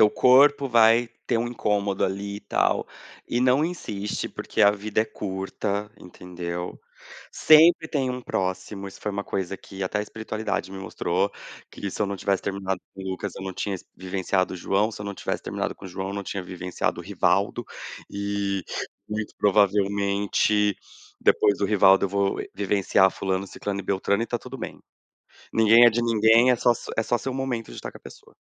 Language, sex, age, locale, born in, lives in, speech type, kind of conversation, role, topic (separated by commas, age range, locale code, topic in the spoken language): Portuguese, male, 30-34, pt-BR, Brazil, Portugal, conversation, unstructured, none, Como você sabe quando é hora de terminar um relacionamento?